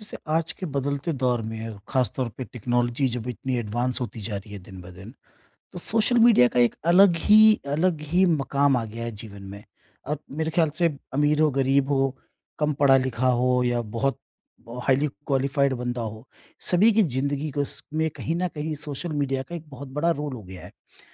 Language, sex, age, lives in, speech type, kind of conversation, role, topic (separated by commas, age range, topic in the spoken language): Hindi, male, 50-54, India, conversation, podcast, host, Kya social media ne tumhara style change kar diya hai, aur kaise?